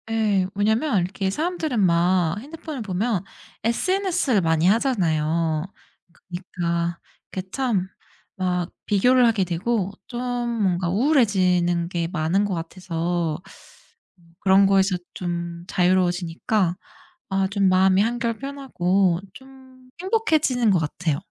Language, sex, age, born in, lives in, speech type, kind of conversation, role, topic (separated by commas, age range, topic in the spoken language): Korean, female, 30-34, South Korea, United States, conversation, podcast, guest, 휴대폰을 아예 안 보고 지내는 시간이 있나요?